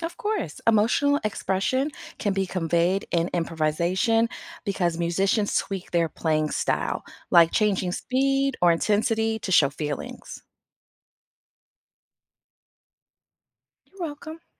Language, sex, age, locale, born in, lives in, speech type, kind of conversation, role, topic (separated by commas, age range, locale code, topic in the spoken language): English, female, 40-44, en-US, United States, United States, read, expert-assistant, expert, What is the structure of a traditional jazz improvisation?